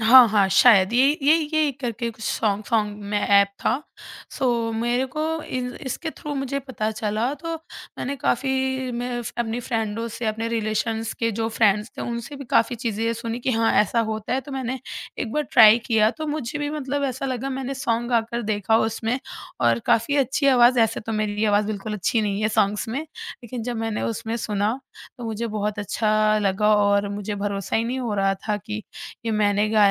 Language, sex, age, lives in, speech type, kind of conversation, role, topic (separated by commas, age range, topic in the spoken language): Hindi, female, 20-24, India, conversation, podcast, guest, Tum storytelling mein apni awaaz aur body language kaise use karte ho?